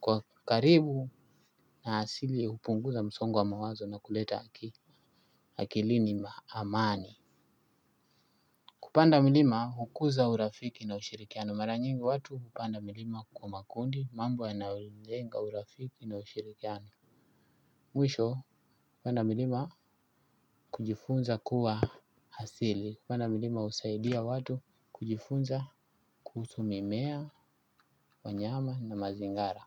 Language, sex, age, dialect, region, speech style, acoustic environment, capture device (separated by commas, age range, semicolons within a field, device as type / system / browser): Swahili, male, 25-34, Kenya - Mombasa, Kenya, tired; focused, music; nature; appliances, desktop / Linux / Chrome